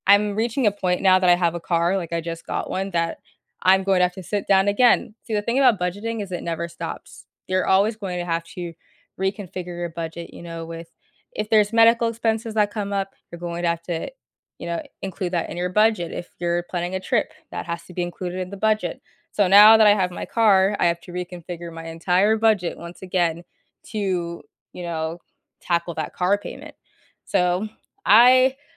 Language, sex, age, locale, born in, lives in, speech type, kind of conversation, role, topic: English, female, 20-24, en-US, United States, United States, conversation, podcast, guest, How can creating a budget help you manage your money and achieve your financial goals?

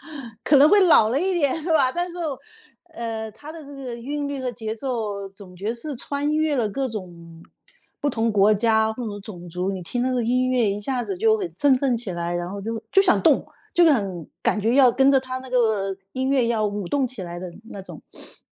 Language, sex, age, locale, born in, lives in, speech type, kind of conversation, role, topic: Chinese, female, 50-54, zh-CN, China, United States, conversation, podcast, host, 音乐什么时候会改变你的心情？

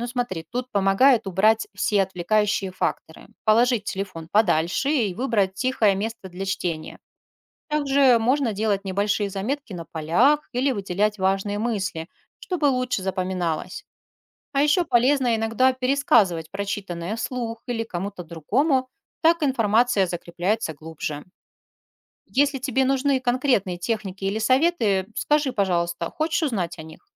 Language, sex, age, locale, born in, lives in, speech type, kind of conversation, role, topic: Russian, female, 40-44, ru-RU, Russia, Spain, read, expert-assistant, expert, Почему люди любят читать книги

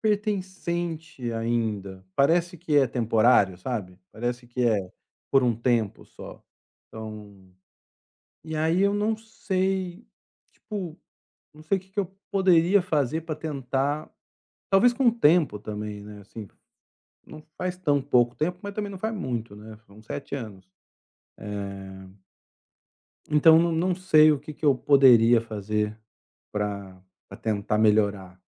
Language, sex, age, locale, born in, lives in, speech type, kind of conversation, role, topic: Portuguese, male, 40-44, pt-BR, Brazil, Spain, conversation, advice, user, Como posso me sentir em casa depois de me mudar para um lugar novo?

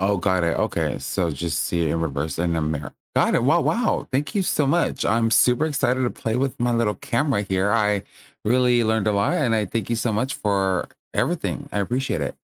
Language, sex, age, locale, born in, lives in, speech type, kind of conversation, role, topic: English, male, 30-34, en-US, United States, United States, read, expert-assistant, asker, How do you make a pinhole camera with a box?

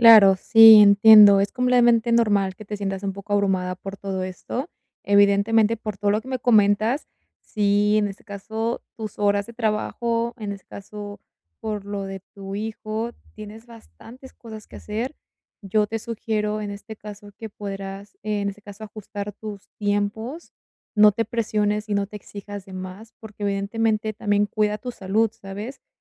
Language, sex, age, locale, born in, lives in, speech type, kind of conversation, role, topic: Spanish, female, 20-24, es-MX, Mexico, Mexico, conversation, advice, advisor, ¿Cómo puedo equilibrar mejor el trabajo, el descanso y mi vida personal?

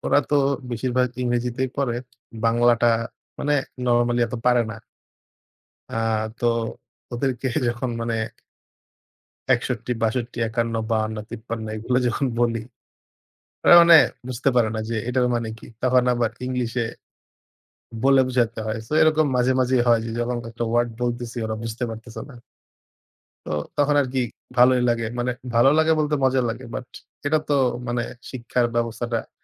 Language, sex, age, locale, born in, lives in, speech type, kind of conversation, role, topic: Bengali, male, 20-24, bn-BD, Bangladesh, Bangladesh, conversation, unstructured, none, শিক্ষার মান উন্নত করতে সবচেয়ে প্রয়োজনীয় পরিবর্তন কী হতে পারে?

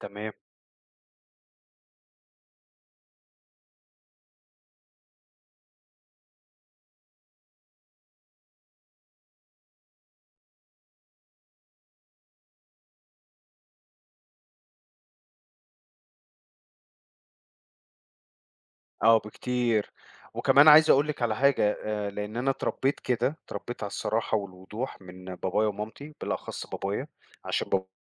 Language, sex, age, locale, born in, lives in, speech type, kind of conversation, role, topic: Arabic, male, 40-44, ar-EG, Egypt, United States, conversation, podcast, guest, هل الصراحة دايمًا بتبني الثقة بالنسبالك؟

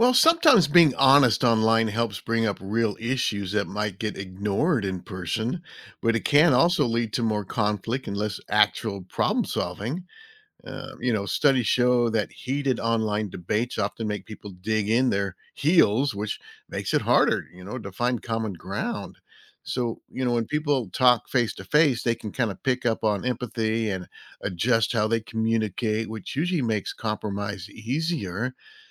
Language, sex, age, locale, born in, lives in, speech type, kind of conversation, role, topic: English, male, 60-64, en-US, United States, United States, read, expert-assistant, expert, How does social media affect discussing important issues?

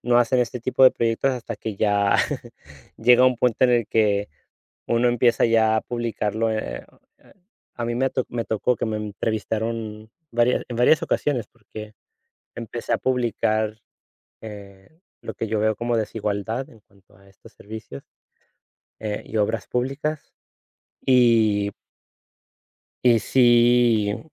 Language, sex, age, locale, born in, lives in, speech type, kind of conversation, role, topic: Spanish, male, 35-39, es-US, United States, Spain, conversation, unstructured, none, ¿Qué harías para mejorar las áreas públicas de tu ciudad?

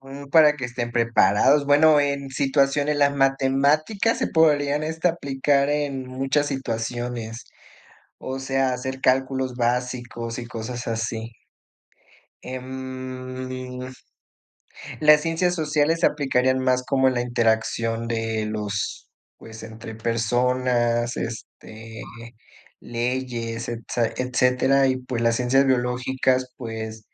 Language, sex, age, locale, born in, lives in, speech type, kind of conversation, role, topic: Spanish, male, 25-29, es-MX, Mexico, Mexico, conversation, unstructured, none, ¿Crees que las tareas realmente ayudan a aprender?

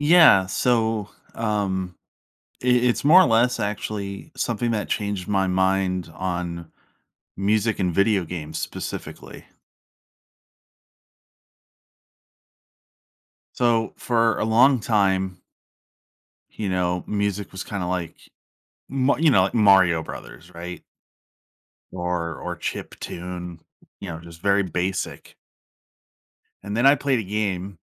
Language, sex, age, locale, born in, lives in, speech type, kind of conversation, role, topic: English, male, 30-34, en-US, United States, United States, conversation, podcast, guest, How can a single song change your perspective on music?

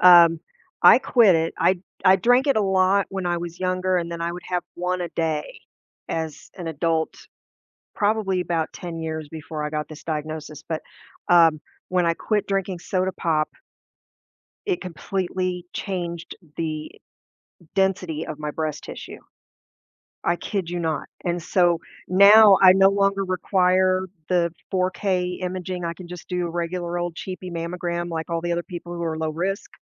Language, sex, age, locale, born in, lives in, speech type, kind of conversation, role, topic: English, female, 50-54, en-US, United States, United States, conversation, advice, advisor, How should I proceed after discovering an unexpected health issue?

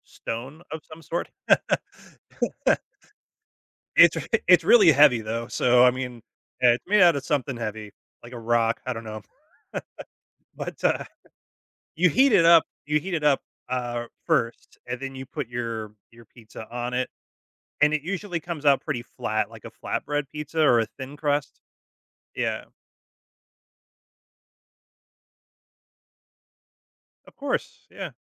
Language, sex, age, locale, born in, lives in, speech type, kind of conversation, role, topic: English, male, 35-39, en-US, United States, United States, conversation, unstructured, none, How do I start a food tradition with friends that will stick?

